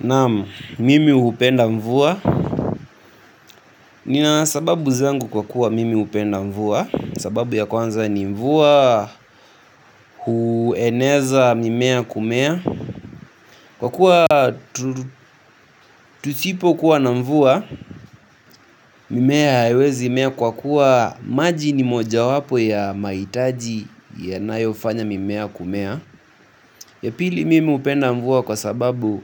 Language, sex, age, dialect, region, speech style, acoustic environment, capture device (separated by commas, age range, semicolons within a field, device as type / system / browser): Swahili, male, 18-24, Kenya - Nairobi Sheng-influenced, Kenya, anxious, music; nature, mobile / Linux / Chrome